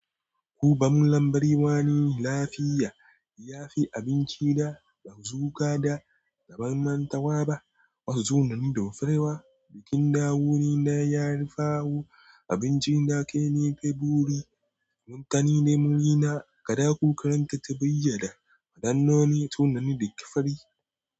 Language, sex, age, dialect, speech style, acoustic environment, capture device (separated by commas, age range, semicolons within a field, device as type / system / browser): Hausa, male, 18-24, Nigeria - Nigerian Standard, relaxed, silence, mobile / Android / Chrome